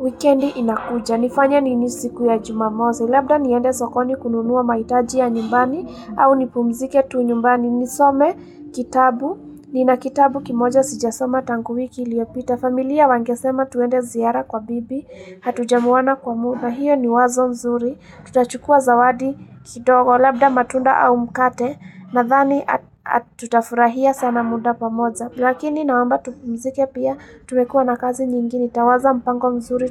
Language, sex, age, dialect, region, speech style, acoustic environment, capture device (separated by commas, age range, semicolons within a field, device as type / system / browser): Swahili, female, 18-24, Kenya - Nairobi Sheng-influenced, Kenya, happy; excited, people talking; traffic, mobile / Linux / Chrome